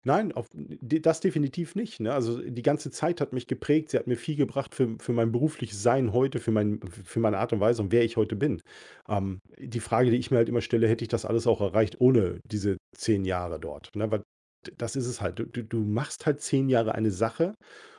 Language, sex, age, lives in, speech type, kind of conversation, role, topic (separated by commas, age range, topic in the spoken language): German, male, 45-49, Germany, conversation, podcast, guest, Welchen Rat würdest du deinem jüngeren Ich geben?